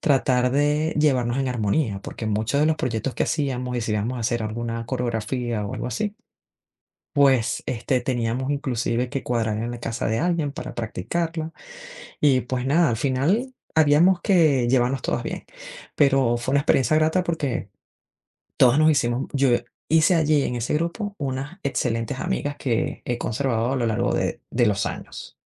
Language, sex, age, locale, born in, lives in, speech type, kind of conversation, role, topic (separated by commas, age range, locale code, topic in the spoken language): Spanish, female, 40-44, es-VE, Venezuela, United States, conversation, unstructured, none, ¿Cómo puedes persuadir a alguien sin que se moleste?